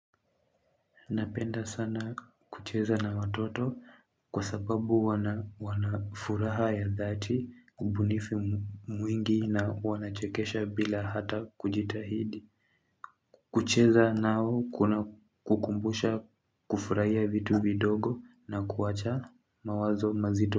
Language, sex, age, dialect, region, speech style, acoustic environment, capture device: Swahili, male, 18-24, Kenya - Nairobi Sheng-influenced, Kenya, happy, people talking, mobile / Linux / Chrome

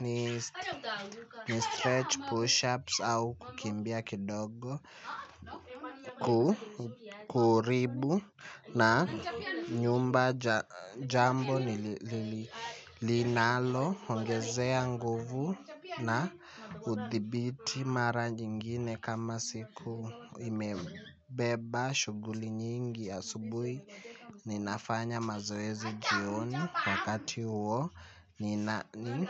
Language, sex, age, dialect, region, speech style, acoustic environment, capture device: Swahili, male, 18-24, Kenya - Nairobi Sheng-influenced, Kenya, happy; nervous; excited, people talking; music; silence; appliances, mobile / Linux / Chrome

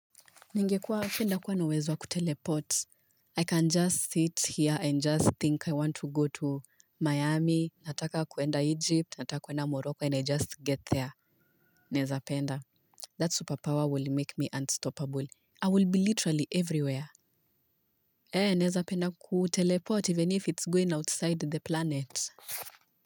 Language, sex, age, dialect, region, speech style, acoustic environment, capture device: Swahili, female, 25-34, Kenya - Nairobi Sheng-influenced, Kenya, focused, nature, mobile / Linux / Chrome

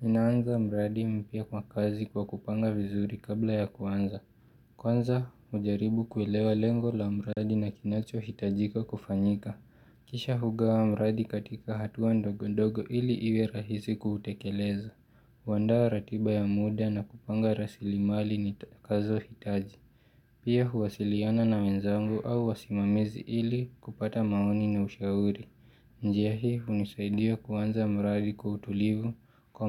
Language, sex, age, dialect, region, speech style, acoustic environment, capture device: Swahili, male, 18-24, Kenya - Nairobi Sheng-influenced, Kenya, relaxed, music; nature, mobile / Linux / Chrome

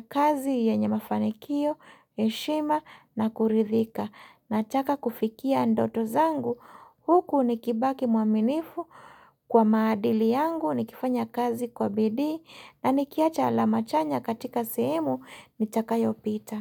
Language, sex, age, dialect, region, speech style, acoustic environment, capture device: Swahili, female, 35-44, Kenya - Mombasa, Kenya, relaxed, silence, mobile / Linux / Chrome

